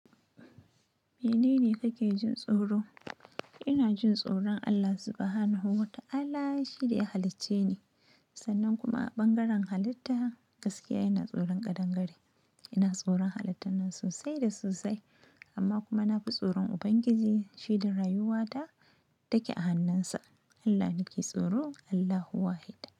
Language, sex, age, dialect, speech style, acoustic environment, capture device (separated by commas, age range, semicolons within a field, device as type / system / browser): Hausa, female, 25-34, Nigeria - Nigerian Standard, happy; excited, nature, mobile / Linux / Chrome